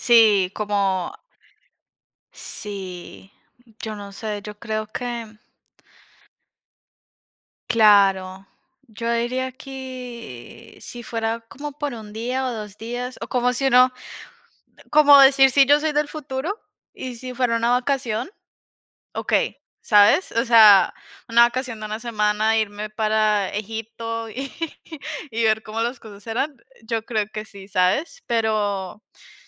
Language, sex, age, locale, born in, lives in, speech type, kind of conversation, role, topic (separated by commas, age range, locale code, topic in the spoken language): Spanish, female, 20-24, es-CO, Colombia, United States, conversation, unstructured, none, ¿Si pudieras viajar en el tiempo por un día, elegirías ir al pasado o al futuro?